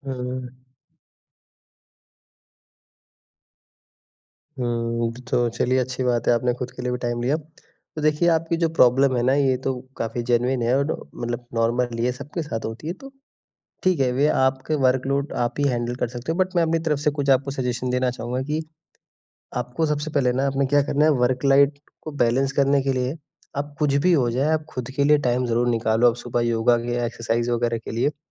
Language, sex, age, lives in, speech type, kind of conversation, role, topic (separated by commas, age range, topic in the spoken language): Hindi, male, 20-24, India, conversation, advice, advisor, नौकरी के लगातार दबाव के कारण आपको थकान और बेचैनी कैसे महसूस होती है?